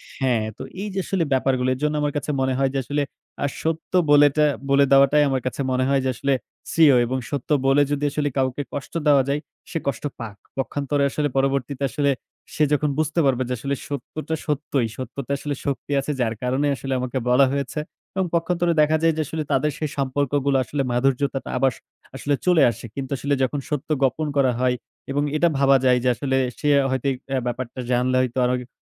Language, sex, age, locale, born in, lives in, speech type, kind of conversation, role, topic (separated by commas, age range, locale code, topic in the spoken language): Bengali, male, 20-24, bn-BD, Bangladesh, Bangladesh, conversation, unstructured, none, তুমি কি মনে করো, কখনো কখনো সত্যের চেয়ে শান্তি বেশি মূল্যবান?